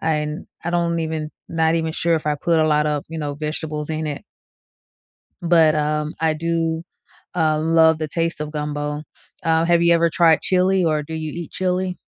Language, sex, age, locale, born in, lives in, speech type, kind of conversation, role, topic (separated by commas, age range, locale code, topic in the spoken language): English, female, 45-49, en-US, United States, United States, conversation, unstructured, none, What is the story behind your favorite comfort food, and why does it matter to your memories, identity, and relationships?